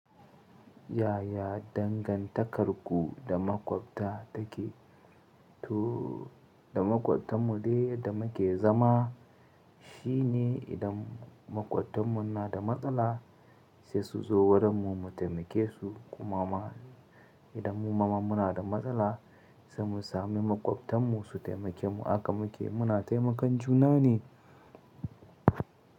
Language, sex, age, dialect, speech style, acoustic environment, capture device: Hausa, male, 25-34, Nigeria - Katsina, happy; excited; anxious, silence; nature, mobile / Linux / Chrome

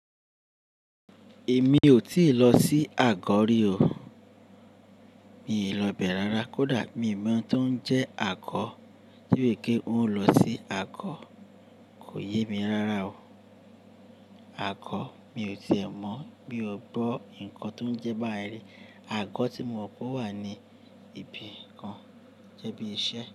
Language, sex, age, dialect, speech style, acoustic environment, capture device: Yoruba, male, 35-44, Nigeria - Lagos Yoruba, anxious, people talking, desktop / Linux / Chrome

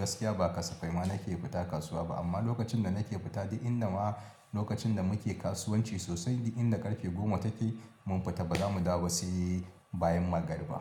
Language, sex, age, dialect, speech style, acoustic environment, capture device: Hausa, male, 35-44, Nigeria - Kano, happy, people talking; music; appliances, mobile / Linux / Chrome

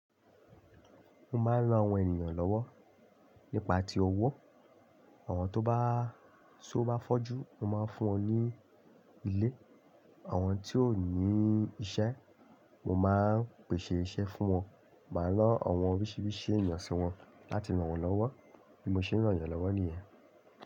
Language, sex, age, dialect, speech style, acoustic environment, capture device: Yoruba, male, 25-34, Nigeria - Lagos Yoruba, happy, nature; silence, desktop / Linux / Chrome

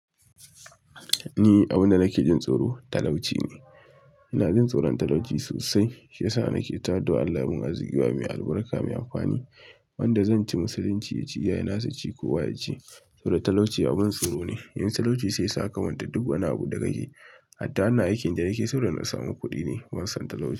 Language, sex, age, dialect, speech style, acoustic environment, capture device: Hausa, male, 25-34, Nigeria - Kano, relaxed; focused, silence, mobile / Linux / Chrome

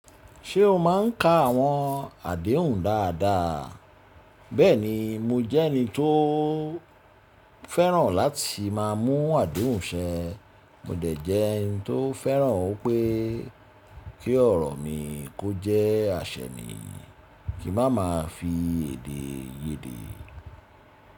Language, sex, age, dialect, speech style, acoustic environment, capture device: Yoruba, male, 35-44, Nigeria - Lagos Yoruba, relaxed, silence, mobile / Linux / Chrome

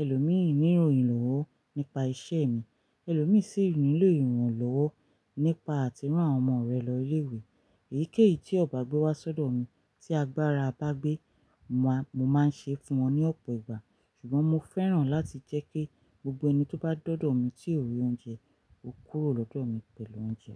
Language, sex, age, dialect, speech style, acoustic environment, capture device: Yoruba, female, 35-44, Nigeria - Ibadan, relaxed; focused, silence; nature, mobile / Linux / Chrome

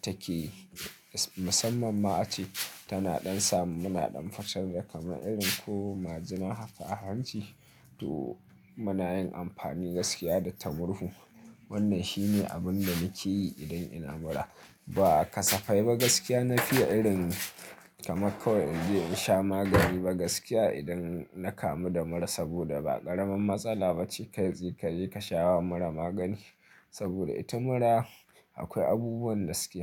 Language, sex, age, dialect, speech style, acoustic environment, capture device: Hausa, male, 25-34, Nigeria - Nigerian Standard, focused, silence; nature, mobile / Linux / Chrome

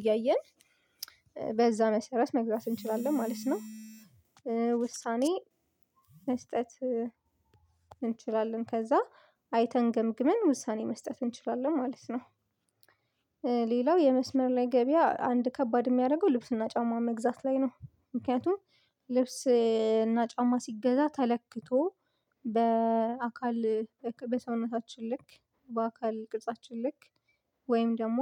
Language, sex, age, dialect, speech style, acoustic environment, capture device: Amharic, female, 25-34, Ethiopia - Addis Ababa, focused, silence; appliances, mobile / Linux / Chrome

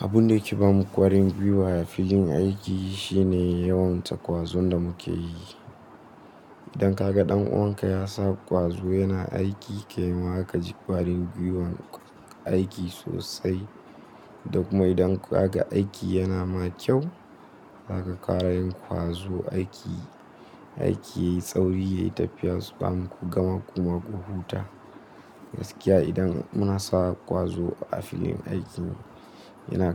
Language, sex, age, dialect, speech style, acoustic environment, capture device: Hausa, male, 18-24, Nigeria - Nigerian Standard, relaxed; focused, nature; appliances, mobile / Linux / Chrome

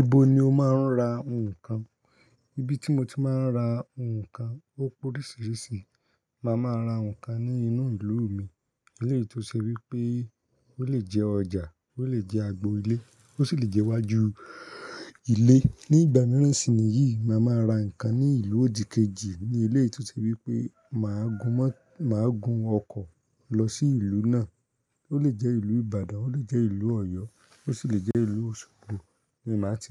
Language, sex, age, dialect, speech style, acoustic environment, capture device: Yoruba, male, 25-34, Nigeria - Ibadan, happy, people talking; silence; nature, mobile / Linux / Chrome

